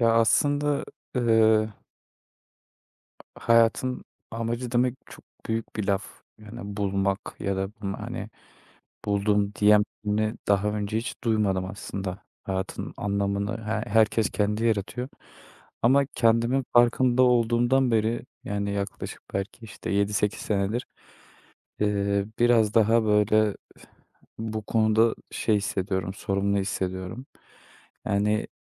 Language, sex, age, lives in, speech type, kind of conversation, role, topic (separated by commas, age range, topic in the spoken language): Turkish, male, 25-29, Netherlands, conversation, advice, user, Hayat amacımı bulamadığım için yönsüz hissettiğimde ne yapabilirim?